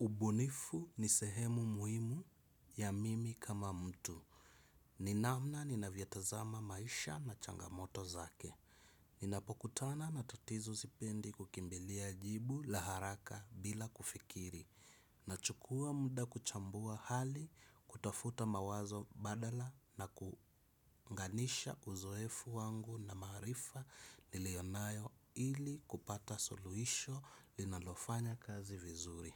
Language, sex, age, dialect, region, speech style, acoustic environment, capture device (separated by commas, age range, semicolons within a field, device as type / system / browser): Swahili, male, 25-34, Kenya - Mombasa, Kenya, relaxed, silence, desktop / Windows / Chrome